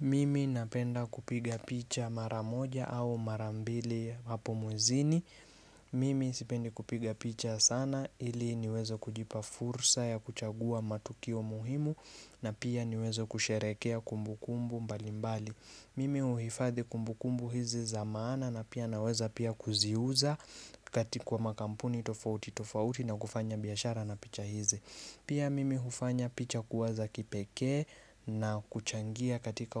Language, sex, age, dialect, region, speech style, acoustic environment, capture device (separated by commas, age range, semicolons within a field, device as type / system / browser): Swahili, male, 25-34, Kenya - Nairobi Sheng-influenced, Kenya, relaxed; focused, nature; silence, mobile / Linux / Chrome